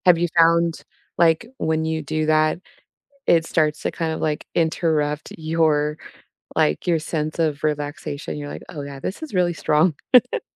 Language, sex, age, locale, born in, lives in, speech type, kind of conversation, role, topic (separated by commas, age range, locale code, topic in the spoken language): English, female, 30-34, en-US, United States, United States, conversation, unstructured, none, What does a cozy day at home look like for you?